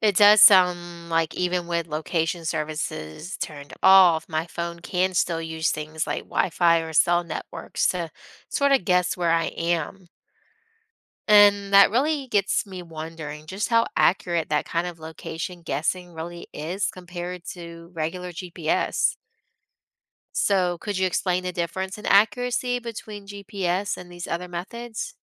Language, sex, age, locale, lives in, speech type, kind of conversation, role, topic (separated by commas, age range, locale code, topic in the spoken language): English, female, 30-34, en-US, United States, read, expert-assistant, asker, How does a GPS system know your location?